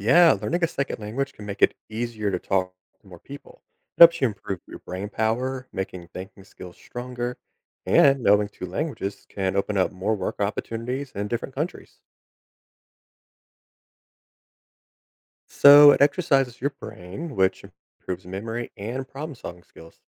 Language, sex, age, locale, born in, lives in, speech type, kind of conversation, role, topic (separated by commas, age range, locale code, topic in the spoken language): English, male, 30-34, en-US, United States, United States, read, expert-assistant, expert, What are the advantages of learning a second language?